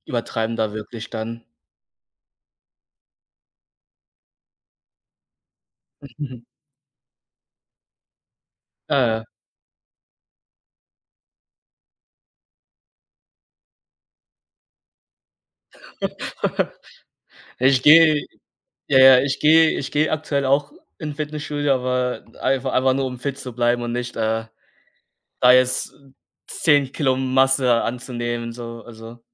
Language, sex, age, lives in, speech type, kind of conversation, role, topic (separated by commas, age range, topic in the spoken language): German, male, 20-24, Germany, conversation, unstructured, none, Wie hat dir Sport geholfen, dich besser zu fühlen?